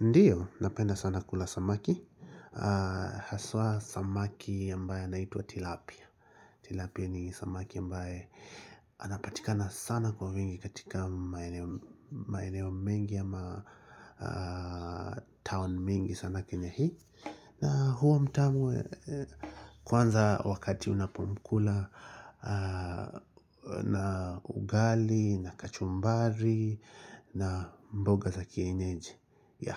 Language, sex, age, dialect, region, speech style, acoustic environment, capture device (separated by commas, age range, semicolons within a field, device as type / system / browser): Swahili, male, 35-44, Kenya - Nairobi Sheng-influenced, Kenya, anxious, silence, mobile / Linux / Chrome